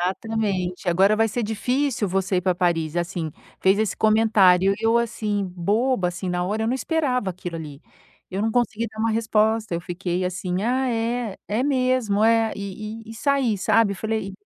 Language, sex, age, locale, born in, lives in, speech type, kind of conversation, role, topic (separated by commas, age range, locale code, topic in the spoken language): Portuguese, female, 50-54, pt-BR, Brazil, United States, conversation, advice, user, Como posso lidar com o medo do julgamento das pessoas que me impede de falar com os outros?